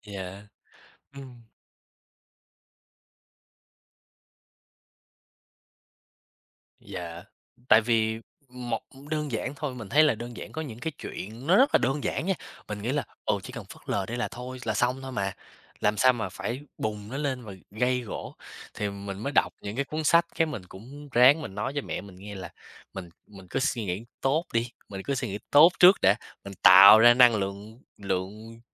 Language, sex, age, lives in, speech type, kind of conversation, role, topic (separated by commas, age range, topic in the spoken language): Vietnamese, male, 20-24, United States, conversation, unstructured, none, Bạn có bao giờ cảm thấy người khác hiểu lầm về con người thật của mình không?